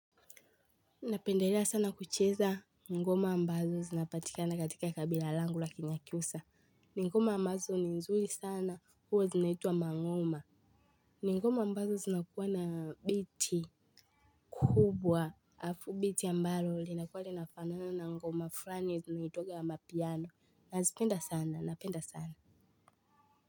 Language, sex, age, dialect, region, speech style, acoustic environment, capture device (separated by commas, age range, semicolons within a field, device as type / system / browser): Swahili, female, 18-24, Tanzania - Dar es Salaam, Tanzania, relaxed, people talking, mobile / Linux / Chrome